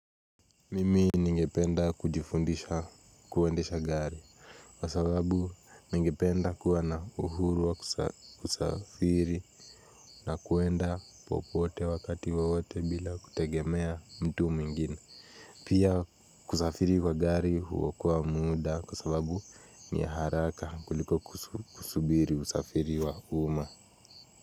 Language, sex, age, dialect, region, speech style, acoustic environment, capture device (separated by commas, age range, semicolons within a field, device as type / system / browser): Swahili, male, 18-24, Kenya - Nairobi Sheng-influenced, Kenya, relaxed; focused, nature; silence, mobile / Linux / Chrome